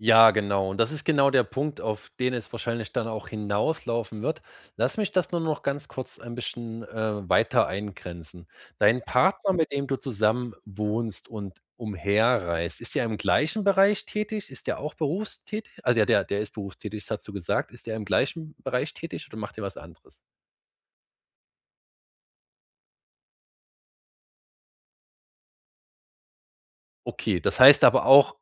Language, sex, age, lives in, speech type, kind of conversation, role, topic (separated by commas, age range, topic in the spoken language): German, male, 30-34, Germany, conversation, advice, advisor, Wie kann ich mich zu Hause besser entspannen, wenn mich ständig Ablenkungen und innere Unruhe stören?